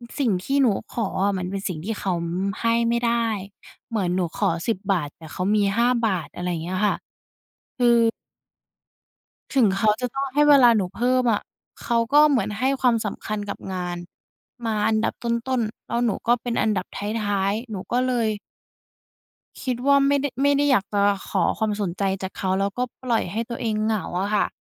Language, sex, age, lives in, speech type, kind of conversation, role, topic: Thai, female, 20-24, Thailand, conversation, podcast, guest, การขอความช่วยเหลือเมื่อรู้สึกเหงาเป็นเรื่องยากสำหรับคุณไหม?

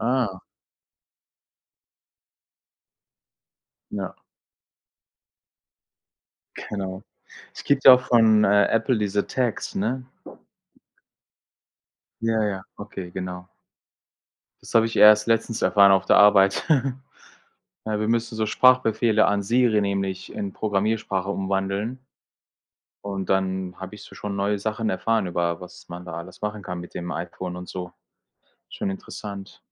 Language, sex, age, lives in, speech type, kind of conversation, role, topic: German, male, 45-49, Germany, conversation, unstructured, none, Wie verbringst du deine Freizeit am liebsten?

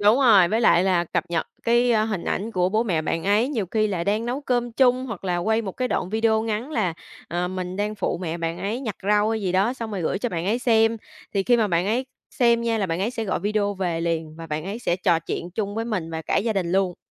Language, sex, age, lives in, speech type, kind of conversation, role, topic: Vietnamese, female, 25-29, Vietnam, conversation, podcast, guest, Làm sao để giữ kết nối khi ai đó chuyển đi xa?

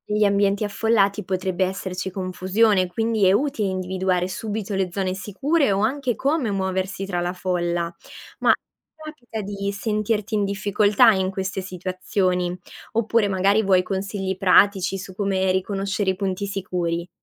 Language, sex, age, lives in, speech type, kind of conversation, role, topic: Italian, female, 20-24, Italy, read, expert-assistant, expert, Si sente dire che durante i terremoti è più sicuro rifugiarsi sotto una porta: è davvero così utile come si pensa?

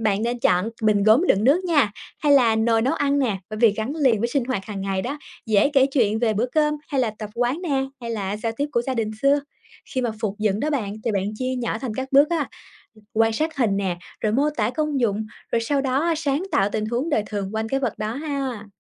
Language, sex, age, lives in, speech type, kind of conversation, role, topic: Vietnamese, female, 25-29, Vietnam, read, expert-assistant, expert, Có cách nào mới mẻ để khám phá bí ẩn cuộc sống của người xưa từ những vật dụng họ để lại?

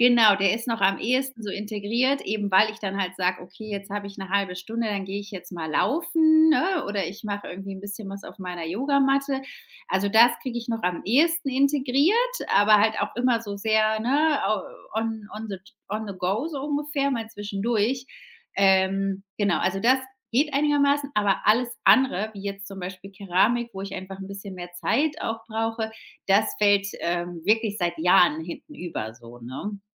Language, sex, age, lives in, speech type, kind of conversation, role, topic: German, female, 35-39, Spain, conversation, advice, user, Wie kann ich trotz Zeitmangels mehr Zeit für persönliche Interessen und Hobbys finden?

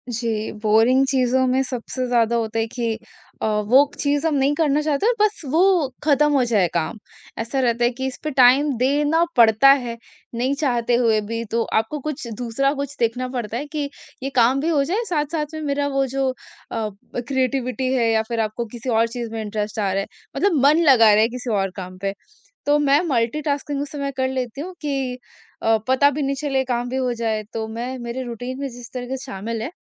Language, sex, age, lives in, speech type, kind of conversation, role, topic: Hindi, female, 45-49, India, conversation, podcast, guest, Kaise tum boring cheezon ko interesting bana lete ho?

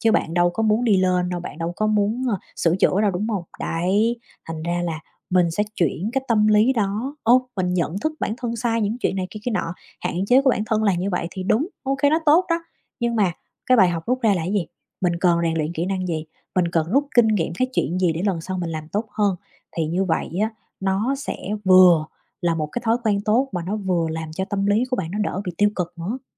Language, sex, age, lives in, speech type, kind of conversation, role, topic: Vietnamese, female, 30-34, United States, conversation, advice, advisor, Làm sao để bạn bớt tự chỉ trích bản thân?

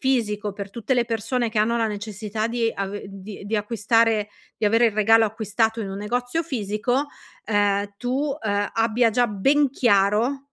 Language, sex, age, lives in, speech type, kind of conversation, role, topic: Italian, female, 40-44, Italy, conversation, advice, advisor, Come posso fare shopping in modo efficiente per risparmiare tempo e denaro?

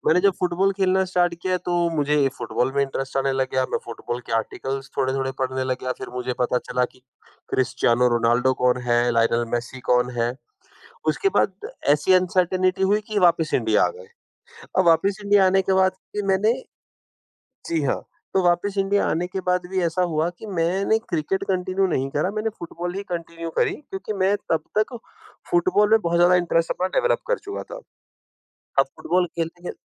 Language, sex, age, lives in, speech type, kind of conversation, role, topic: Hindi, female, 55-59, India, conversation, podcast, guest, Tumhara favorite hobby kya hai aur tumhe yeh kyun pasand hai?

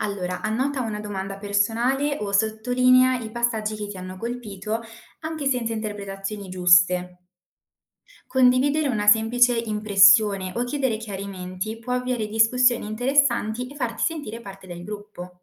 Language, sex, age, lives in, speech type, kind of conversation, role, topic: Italian, female, 20-24, Italy, read, expert-assistant, expert, Quali strategie mi aiutano a capire davvero un romanzo classico senza sentirmi perso?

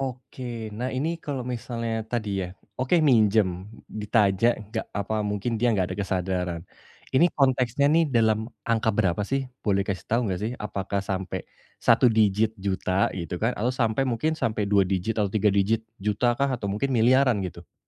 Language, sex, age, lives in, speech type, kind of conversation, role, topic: Indonesian, male, 30-34, Indonesia, conversation, advice, advisor, Bagaimana cara menolak permintaan pinjaman dari teman dekat dengan sopan?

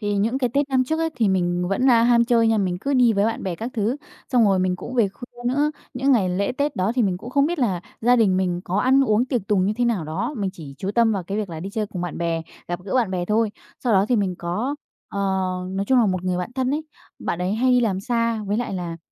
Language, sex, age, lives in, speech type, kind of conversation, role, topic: Vietnamese, female, 20-24, Vietnam, conversation, podcast, guest, Bạn giữ cân bằng giữa công việc và gia đình như thế nào?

